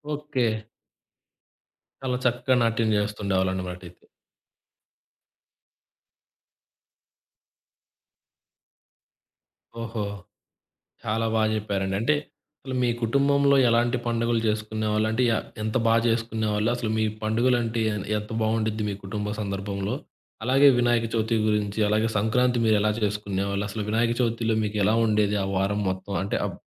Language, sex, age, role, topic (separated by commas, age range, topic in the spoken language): Telugu, male, 20-24, host, పండుగ సందర్భంలో మీరు కుటుంబంతో గడిపిన ప్రత్యేక క్షణాన్ని చెప్పగలరా?